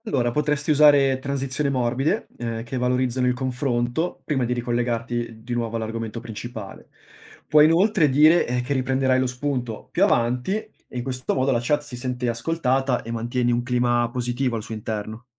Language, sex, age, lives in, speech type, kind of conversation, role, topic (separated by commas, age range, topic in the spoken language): Italian, male, 25-29, Italy, read, expert-assistant, expert, Quali tecniche aiutano a mantenere alta l’attenzione del pubblico durante una diretta?